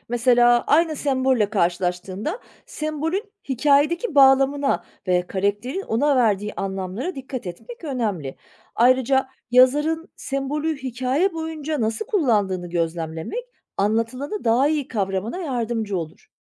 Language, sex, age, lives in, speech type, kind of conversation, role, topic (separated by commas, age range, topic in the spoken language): Turkish, female, 40-44, Germany, read, expert-assistant, expert, İki farklı yazarın benzer hikayelerini okurken hangi soruları sormak daha çok şey öğrenmemi sağlar?